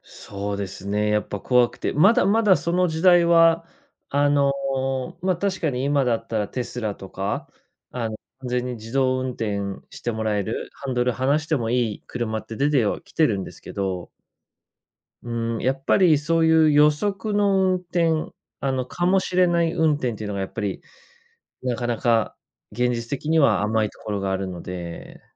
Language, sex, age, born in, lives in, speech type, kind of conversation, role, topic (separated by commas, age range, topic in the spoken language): Japanese, male, 30-34, Japan, Japan, conversation, podcast, guest, 自動運転が当たり前になったら何が変わる？